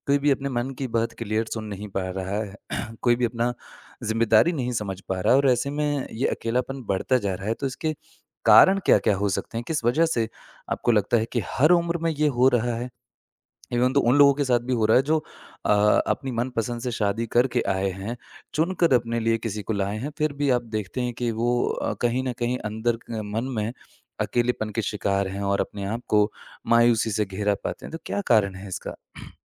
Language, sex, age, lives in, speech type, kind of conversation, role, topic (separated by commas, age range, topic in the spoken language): Hindi, male, 25-29, India, conversation, podcast, host, क्या अकेलापन सिर्फ शारीरिक होता है, या यह दिल और भावनाओं से भी जुड़ा होता है?